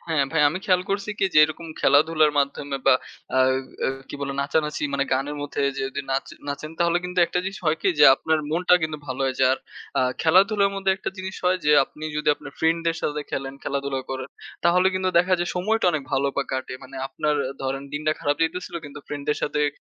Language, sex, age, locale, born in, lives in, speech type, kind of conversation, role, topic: Bengali, male, 20-24, bn-BD, Bangladesh, Bangladesh, conversation, unstructured, none, তুমি কীভাবে দৈনন্দিন শরীরচর্চাকে মজার করে তোলো?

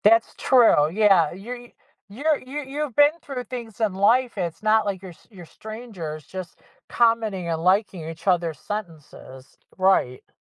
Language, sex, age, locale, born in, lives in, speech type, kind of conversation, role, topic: English, female, 55-59, en-US, United States, United States, conversation, advice, advisor, How do I address a broken promise from my sibling without harming our relationship?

